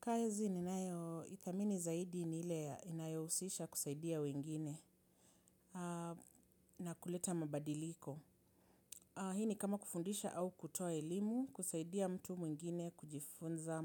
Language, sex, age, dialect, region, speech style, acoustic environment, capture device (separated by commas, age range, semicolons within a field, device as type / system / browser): Swahili, female, 35-44, Kenya - Nairobi Sheng-influenced, Kenya, happy; relaxed; focused; excited, people talking; nature; silence; appliances, mobile / Linux / Chrome